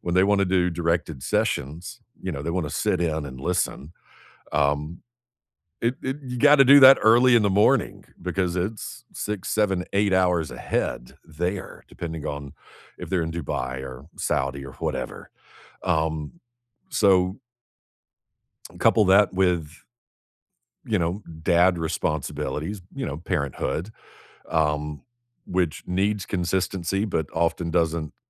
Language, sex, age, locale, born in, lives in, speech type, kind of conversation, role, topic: English, male, 40-44, en-US, United States, United States, conversation, unstructured, none, What small goal are you excited to work toward this month?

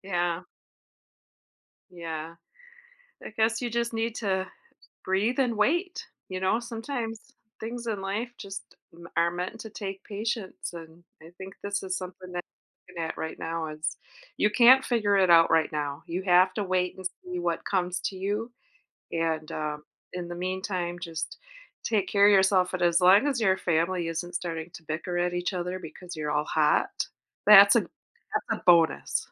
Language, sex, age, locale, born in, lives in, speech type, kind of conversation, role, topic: English, female, 45-49, en-US, United States, United States, conversation, advice, advisor, How can I prepare for unexpected expenses?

